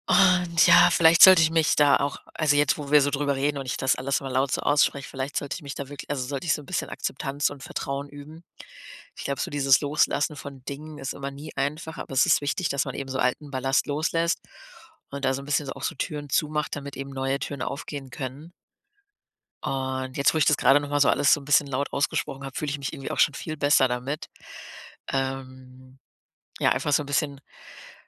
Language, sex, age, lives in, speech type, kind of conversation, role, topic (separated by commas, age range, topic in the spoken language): German, female, 30-34, Germany, conversation, advice, user, Wie kann ich besser mit plötzlichen Veränderungen umgehen?